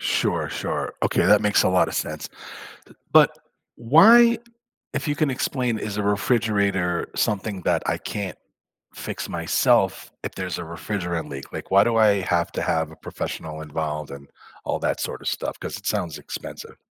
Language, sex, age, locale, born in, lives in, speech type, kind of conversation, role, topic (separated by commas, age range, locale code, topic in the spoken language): English, male, 55-59, en-US, United States, United States, read, expert-assistant, asker, How does a refrigerator keep food cold and fresh?